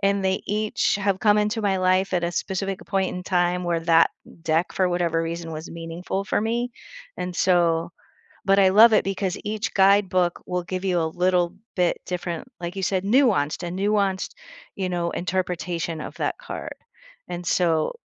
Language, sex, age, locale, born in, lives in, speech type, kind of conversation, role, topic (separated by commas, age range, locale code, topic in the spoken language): English, female, 55-59, en-US, United States, United States, conversation, podcast, guest, How can making a small change in your daily routine lead to bigger life improvements?